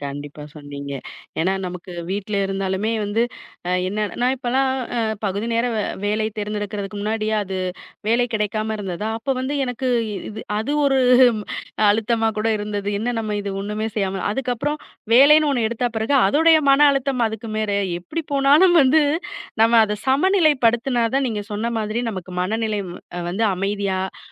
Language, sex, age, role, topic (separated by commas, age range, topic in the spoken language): Tamil, female, 35-39, host, ஓய்வு நேரமும் பணியும் சமநிலையாக இருக்க என்ன செய்ய வேண்டும்?